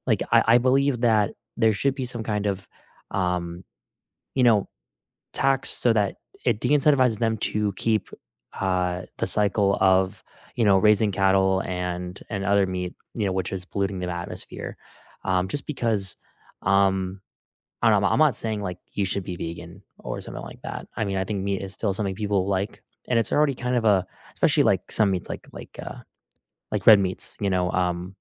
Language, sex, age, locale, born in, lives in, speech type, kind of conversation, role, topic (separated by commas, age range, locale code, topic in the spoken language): English, male, 20-24, en-US, United States, United States, conversation, unstructured, none, Should governments tax meat to reduce climate pollution and promote fair, sustainable diets?